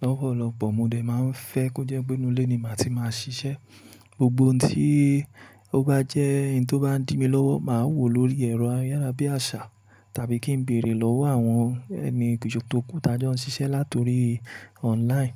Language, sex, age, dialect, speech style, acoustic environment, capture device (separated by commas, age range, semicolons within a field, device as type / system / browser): Yoruba, male, 25-34, Nigeria - Ibadan, relaxed, appliances, mobile / Linux / Chrome